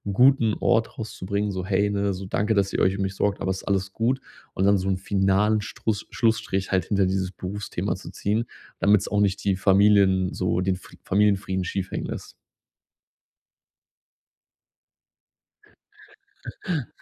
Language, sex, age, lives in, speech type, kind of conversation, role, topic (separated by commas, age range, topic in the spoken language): German, male, 30-34, Germany, conversation, advice, user, Wie kann ich besser Grenzen gegenüber den Erwartungen meiner Familie setzen?